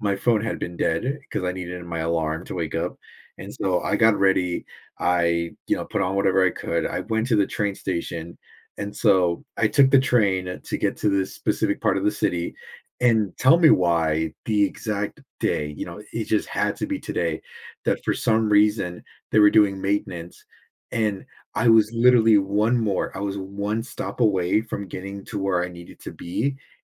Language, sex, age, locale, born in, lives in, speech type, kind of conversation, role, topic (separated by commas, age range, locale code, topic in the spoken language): English, male, 20-24, en-US, United States, United States, conversation, advice, user, How can I respond to and pay forward a stranger's unexpected kindness?